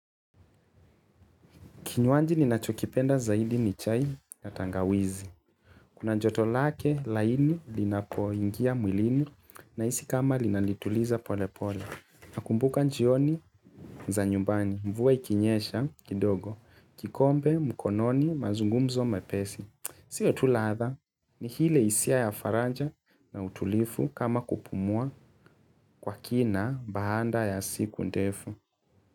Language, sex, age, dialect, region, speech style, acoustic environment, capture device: Swahili, male, 25-34, Kenya - Nairobi Sheng-influenced, Kenya, relaxed, silence, mobile / Linux / Chrome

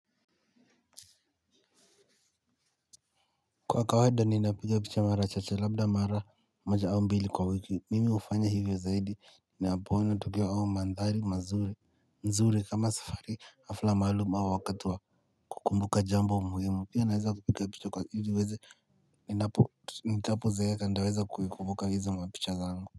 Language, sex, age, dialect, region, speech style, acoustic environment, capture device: Swahili, male, 18-24, Kenya - Nairobi Sheng-influenced, Kenya, happy, silence, mobile / Linux / Chrome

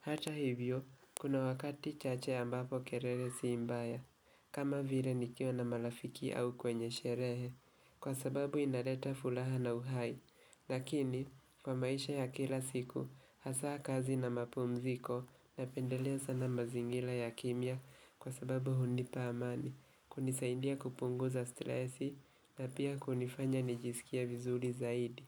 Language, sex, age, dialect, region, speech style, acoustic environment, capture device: Swahili, male, 18-24, Kenya - Nairobi Sheng-influenced, Kenya, relaxed, nature, mobile / Linux / Chrome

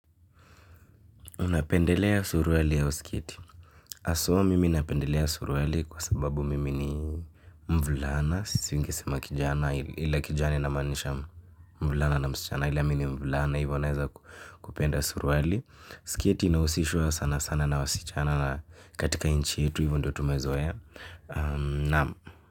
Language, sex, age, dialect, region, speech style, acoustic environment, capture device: Swahili, male, 18-24, Kenya - Nairobi Sheng-influenced, Kenya, happy; excited, nature; silence, mobile / Linux / Chrome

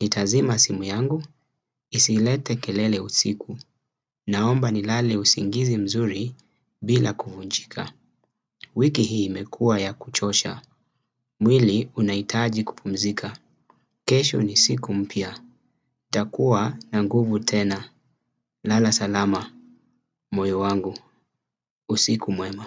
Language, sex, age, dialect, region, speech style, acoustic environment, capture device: Swahili, male, 25-34, Kenya - Mombasa, Kenya, relaxed, silence; nature, desktop / Windows / Chrome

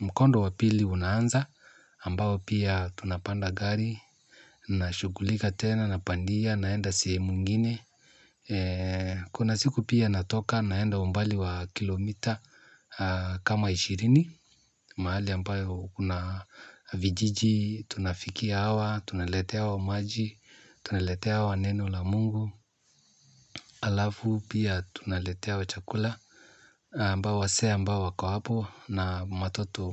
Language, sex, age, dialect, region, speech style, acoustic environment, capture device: Swahili, male, 35-44, Kenya - Nairobi Sheng-influenced, Kenya, happy, silence, mobile / Linux / Chrome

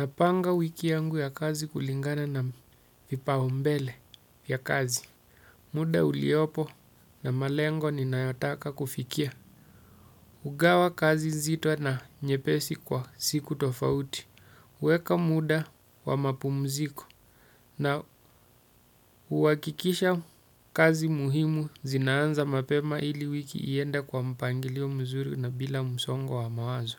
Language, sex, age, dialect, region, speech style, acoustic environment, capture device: Swahili, male, 35-44, Kenya - Mombasa, Kenya, relaxed, silence, desktop / Windows / Chrome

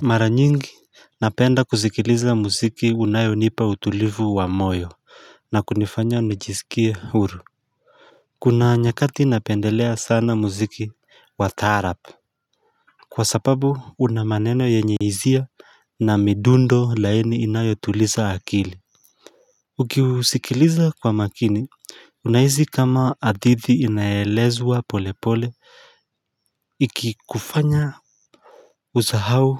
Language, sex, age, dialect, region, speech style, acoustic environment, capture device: Swahili, male, 25-34, Kenya - Mombasa, Kenya, happy, nature, mobile / Linux / Chrome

